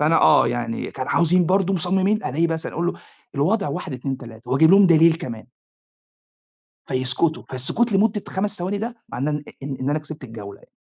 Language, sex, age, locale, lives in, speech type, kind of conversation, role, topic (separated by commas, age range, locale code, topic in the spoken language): Arabic, male, 40-44, ar-EG, Italy, conversation, unstructured, none, إزاي ثقافتك بتأثر على طريقة تعبيرك عن نفسك؟